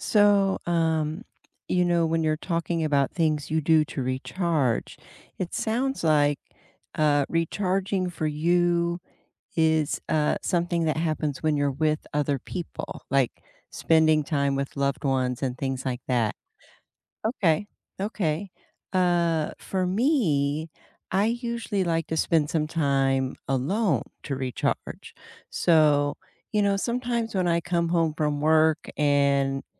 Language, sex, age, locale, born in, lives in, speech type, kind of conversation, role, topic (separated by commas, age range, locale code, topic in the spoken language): English, female, 55-59, en-US, United States, United States, conversation, unstructured, none, What personal rituals help you recharge after a long, draining day, and why do they work?